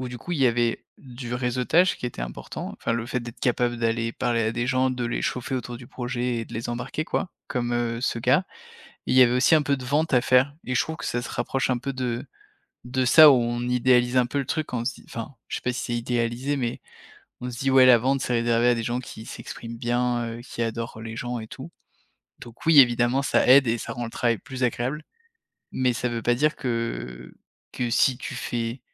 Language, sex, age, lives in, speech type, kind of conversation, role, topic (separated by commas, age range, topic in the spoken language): French, male, 30-34, France, conversation, podcast, guest, Quel est ton meilleur conseil pour réseauter quand on est timide ?